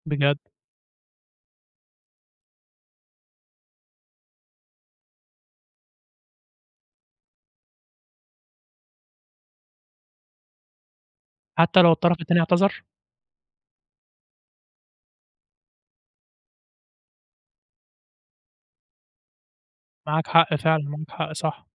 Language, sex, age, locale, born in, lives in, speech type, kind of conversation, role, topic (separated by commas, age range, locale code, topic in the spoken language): Arabic, male, 20-24, ar-EG, Egypt, Egypt, conversation, podcast, host, إزاي بتوازن بين حقك وبين علاقتك لما يحصل خلاف؟